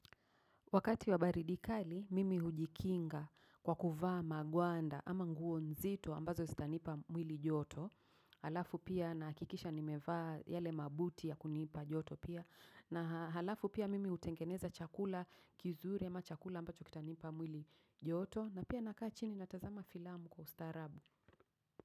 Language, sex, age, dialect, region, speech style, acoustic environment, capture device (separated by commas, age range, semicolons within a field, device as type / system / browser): Swahili, female, 35-44, Kenya - Nairobi Sheng-influenced, Kenya, focused, people talking; traffic; nature, mobile / macOS / Safari